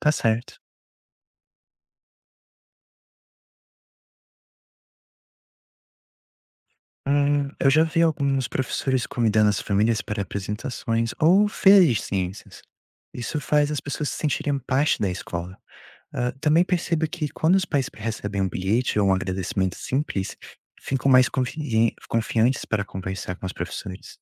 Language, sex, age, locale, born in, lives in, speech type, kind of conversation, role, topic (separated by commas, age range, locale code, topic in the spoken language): Portuguese, male, 20-24, pt-BR, Brazil, United States, read, expert-assistant, asker, Por que é importante valorizar os profissionais da educação?